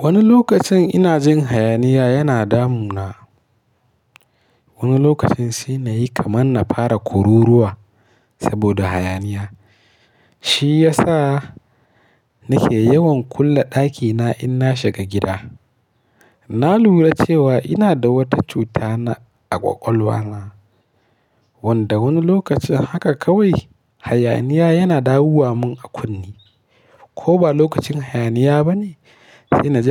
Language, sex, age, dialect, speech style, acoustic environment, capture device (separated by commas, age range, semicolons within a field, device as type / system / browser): Hausa, male, 25-34, Nigeria - Kano, happy, nature, mobile / Linux / Chrome